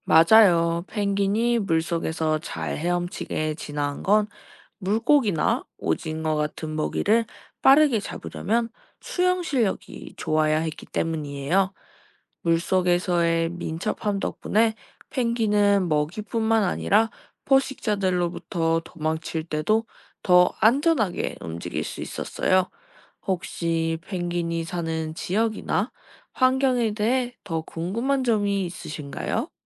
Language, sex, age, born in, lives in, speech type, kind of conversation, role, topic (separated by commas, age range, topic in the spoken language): Korean, female, 20-24, South Korea, Japan, read, expert-assistant, expert, 왜 펭귄은 날지 못할까요?